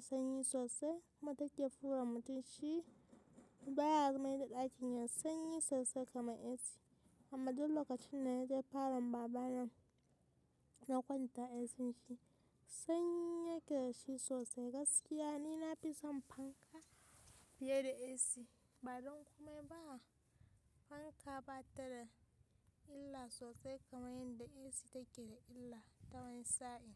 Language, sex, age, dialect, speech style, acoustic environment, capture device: Hausa, female, 18-24, Nigeria - Kano, happy, nature, mobile / Linux / Chrome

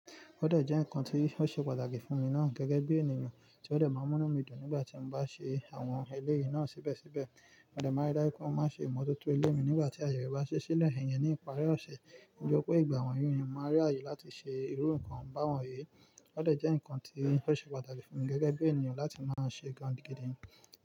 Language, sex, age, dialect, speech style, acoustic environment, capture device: Yoruba, male, 25-34, Nigeria - Nigerian Standard, relaxed, nature, mobile / Linux / Chrome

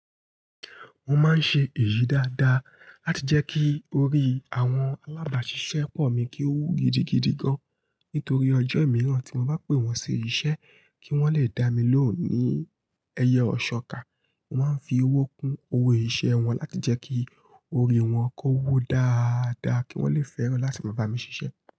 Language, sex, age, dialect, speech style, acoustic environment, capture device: Yoruba, female, 25-34, Nigeria - Ibadan, happy, nature, mobile / Linux / Chrome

